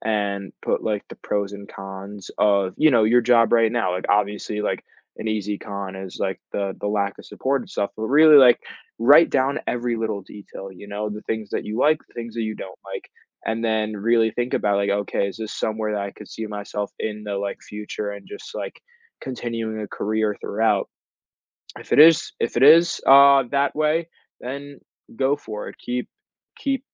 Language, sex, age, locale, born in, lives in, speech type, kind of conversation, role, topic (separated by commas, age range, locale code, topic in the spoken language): English, male, 18-19, en-US, United States, United States, conversation, advice, advisor, How do I address a coworker who isn't pulling their weight?